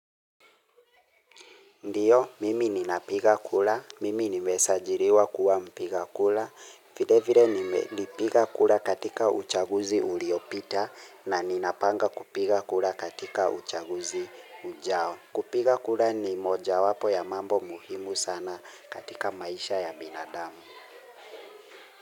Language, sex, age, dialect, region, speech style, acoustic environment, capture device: Swahili, male, 25-34, Kenya - Nairobi Sheng-influenced, Kenya, relaxed; focused, silence, mobile / Linux / Chrome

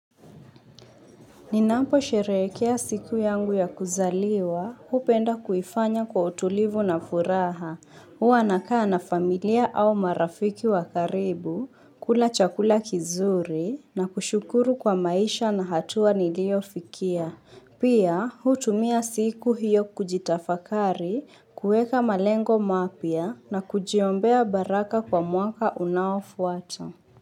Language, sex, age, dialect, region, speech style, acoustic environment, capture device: Swahili, female, 25-34, Kenya - Mombasa, Kenya, focused, silence, mobile / Linux / Chrome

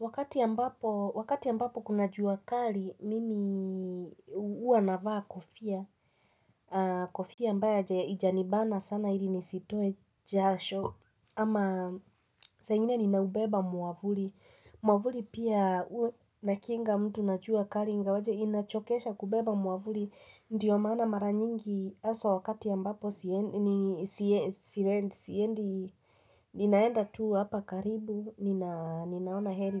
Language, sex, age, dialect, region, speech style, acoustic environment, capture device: Swahili, female, 25-34, Kenya - Nairobi Sheng-influenced, Kenya, tired, nature, mobile / Linux / Chrome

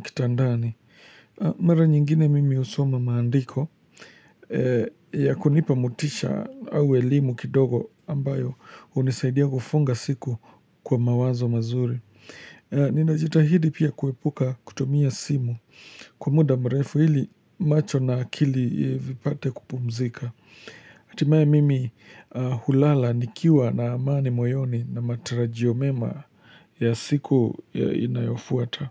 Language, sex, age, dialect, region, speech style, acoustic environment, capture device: Swahili, male, 25-34, Kenya - Nairobi Sheng-influenced, Kenya, relaxed, nature, mobile / Linux / Chrome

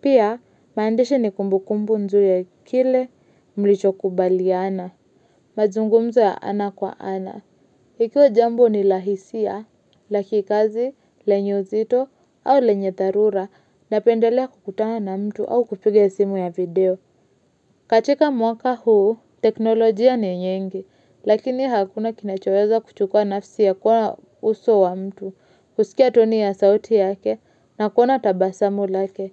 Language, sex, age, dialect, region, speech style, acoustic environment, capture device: Swahili, female, 25-34, Kenya - Nairobi Sheng-influenced, Kenya, focused, silence, mobile / Linux / Chrome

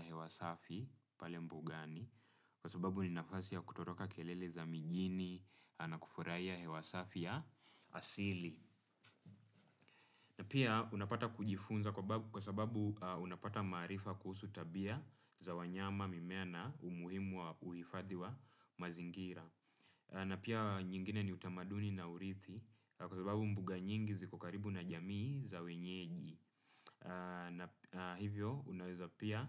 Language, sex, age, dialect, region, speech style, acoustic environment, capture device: Swahili, male, 25-34, Kenya - Nairobi Sheng-influenced, Kenya, relaxed; focused, nature; silence; appliances, desktop / Windows / Chrome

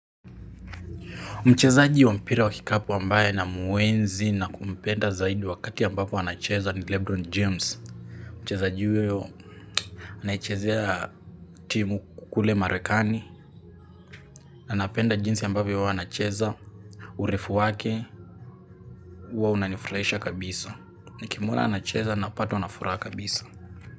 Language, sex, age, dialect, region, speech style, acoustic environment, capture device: Swahili, male, 18-24, Kenya - Nairobi Sheng-influenced, Kenya, relaxed, silence, mobile / Linux / Chrome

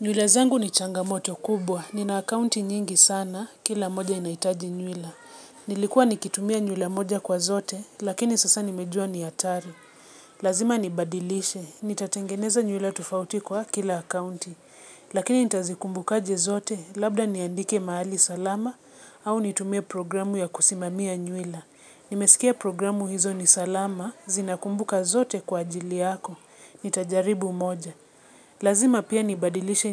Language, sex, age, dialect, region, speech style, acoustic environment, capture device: Swahili, female, 25-34, Kenya - Nairobi Sheng-influenced, Kenya, relaxed; focused; excited, nature; silence; people talking, mobile / Linux / Chrome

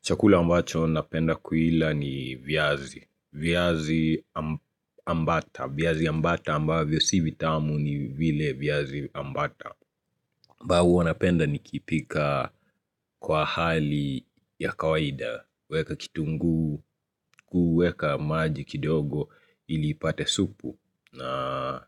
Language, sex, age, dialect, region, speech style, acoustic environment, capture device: Swahili, male, 25-34, Kenya - Nairobi Sheng-influenced, Kenya, nervous, silence, mobile / Linux / Chrome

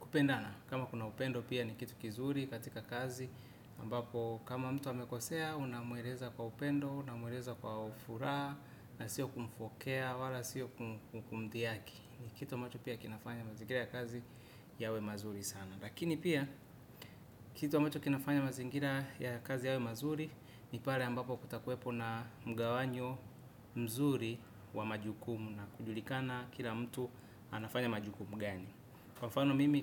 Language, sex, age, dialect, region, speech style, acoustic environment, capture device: Swahili, male, 25-34, Tanzania - Dar es Salaam, Tanzania, relaxed; focused, silence, desktop / Windows / Chrome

